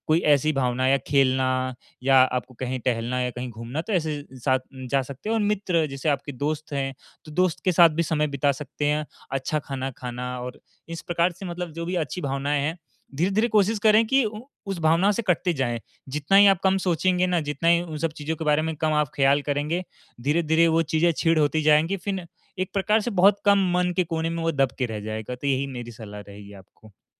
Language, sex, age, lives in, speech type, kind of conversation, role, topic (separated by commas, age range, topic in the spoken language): Hindi, male, 18-19, India, conversation, advice, advisor, टूटे रिश्ते को स्वीकार कर आगे कैसे बढ़ूँ?